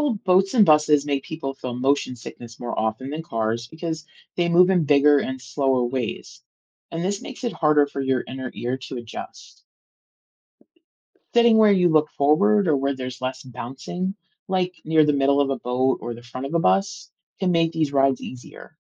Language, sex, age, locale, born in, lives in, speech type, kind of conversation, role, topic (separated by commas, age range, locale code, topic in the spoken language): English, female, 40-44, en-US, United States, United States, read, expert-assistant, expert, Why do some people get carsick?